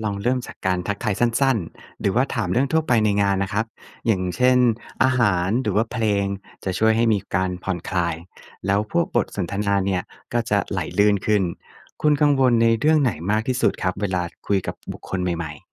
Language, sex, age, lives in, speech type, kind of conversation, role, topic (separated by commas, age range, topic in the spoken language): Thai, male, 35-39, Thailand, read, expert-assistant, expert, เมื่อมีเวลาจำกัด คุณจะเลือกพบเพื่อนเก่าที่สนิทหรือไปพบปะคนรู้จักใหม่ๆ มากกว่ากัน?